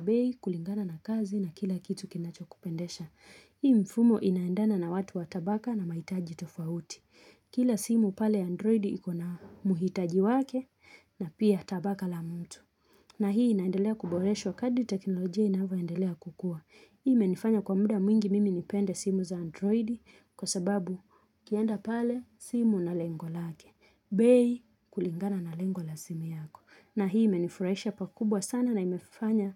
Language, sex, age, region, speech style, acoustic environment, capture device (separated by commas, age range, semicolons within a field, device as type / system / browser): Swahili, female, 25-34, Kenya, relaxed, nature; silence, mobile / Linux / Chrome